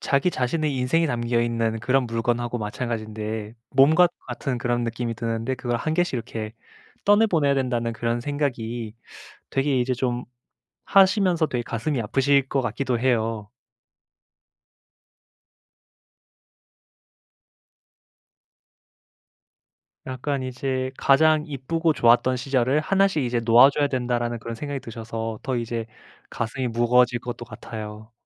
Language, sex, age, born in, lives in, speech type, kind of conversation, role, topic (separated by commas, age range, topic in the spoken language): Korean, male, 25-29, South Korea, Japan, conversation, advice, advisor, 감정이 깃든 물건은 어떻게 정리하고 보관하면 더 편할까요?